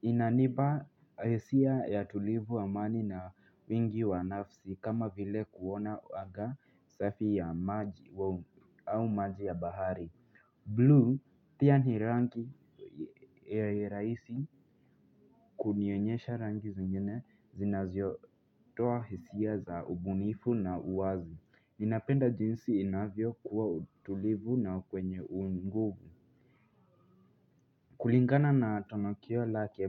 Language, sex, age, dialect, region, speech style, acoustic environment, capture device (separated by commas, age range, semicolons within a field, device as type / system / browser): Swahili, female, 25-34, Kenya - Nairobi Sheng-influenced, Kenya, happy; relaxed, nature; silence, mobile / Linux / Chrome